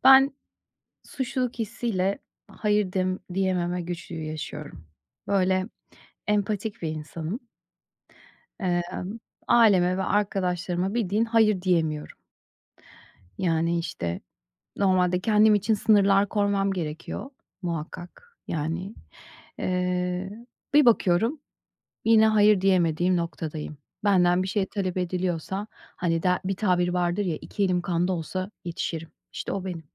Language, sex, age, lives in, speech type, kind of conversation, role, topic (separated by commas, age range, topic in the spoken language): Turkish, female, 40-44, United States, conversation, advice, user, Suçluluk hissi yüzünden aileme ve arkadaşlarıma hayır demekte neden zorlanıyorum ve bunu nasıl daha rahat yapabilirim?